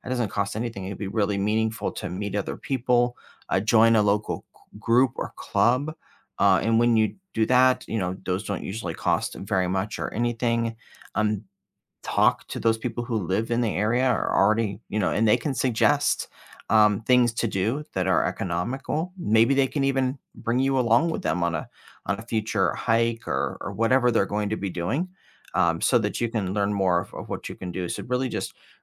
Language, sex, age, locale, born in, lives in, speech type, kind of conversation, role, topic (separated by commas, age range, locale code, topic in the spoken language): English, male, 45-49, en-US, United States, United States, conversation, advice, advisor, How can I meet people and explore my new city on a tight budget?